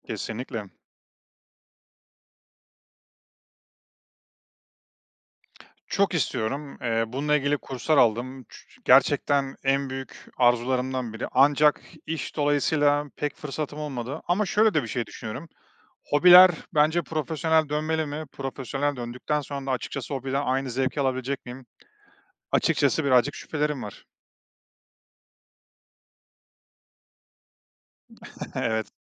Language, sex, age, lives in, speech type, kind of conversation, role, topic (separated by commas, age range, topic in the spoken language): Turkish, male, 35-39, Estonia, conversation, podcast, guest, Yemek yapmayı nasıl öğrendin?